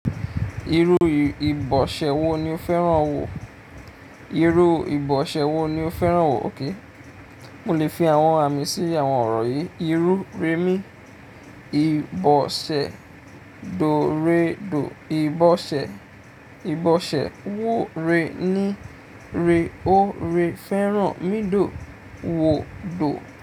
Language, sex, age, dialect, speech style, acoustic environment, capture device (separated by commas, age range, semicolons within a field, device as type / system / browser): Yoruba, male, 25-34, Nigeria - Ijebu, excited; focused; happy, appliances; nature, mobile / Linux / Chrome